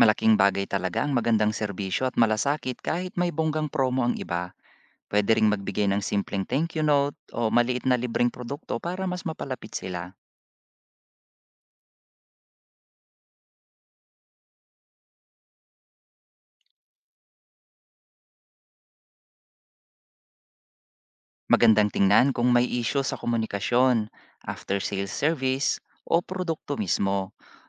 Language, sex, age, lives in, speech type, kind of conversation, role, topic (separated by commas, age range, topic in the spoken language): Filipino, male, 40-44, Philippines, read, expert-assistant, expert, Kapag limitado ang budget, ano ang dapat unahin ng isang maliit na negosyo: customer acquisition o customer retention? Ano ang epekto ng bawat opsyon sa pangmatagalang tagumpay?